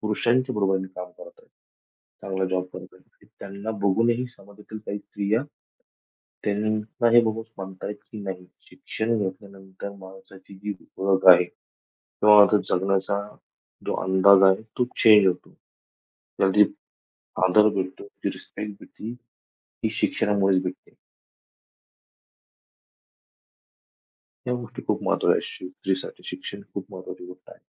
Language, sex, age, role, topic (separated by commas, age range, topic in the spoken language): Marathi, male, 25-29, guest, पिढी बदलताना परंपरा सोडाव्या की जपाव्या, याबद्दल तुमचे मत काय आहे?